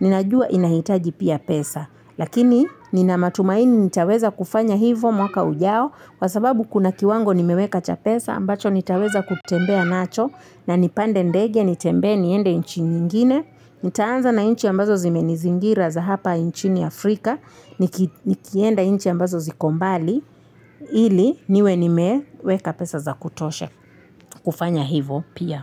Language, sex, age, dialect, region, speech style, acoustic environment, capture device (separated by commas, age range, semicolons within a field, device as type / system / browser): Swahili, female, 25-34, Kenya - Mombasa, Kenya, happy, nature, mobile / Linux / Chrome